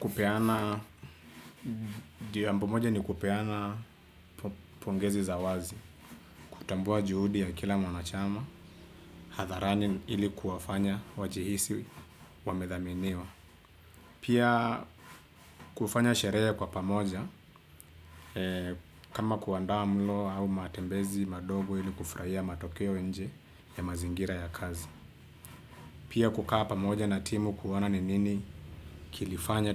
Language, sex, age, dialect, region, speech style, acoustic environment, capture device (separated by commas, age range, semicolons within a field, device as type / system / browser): Swahili, male, 25-34, Kenya - Nairobi Sheng-influenced, Kenya, relaxed; focused, silence, desktop / Windows / Chrome